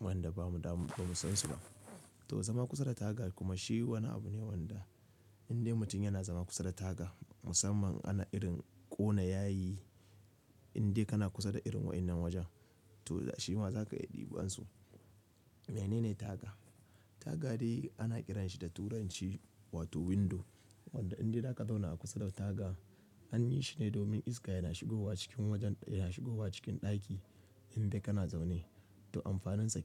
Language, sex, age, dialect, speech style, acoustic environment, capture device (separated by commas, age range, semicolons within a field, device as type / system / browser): Hausa, male, 25-34, Nigeria - Kano, relaxed, nature, mobile / Linux / Chrome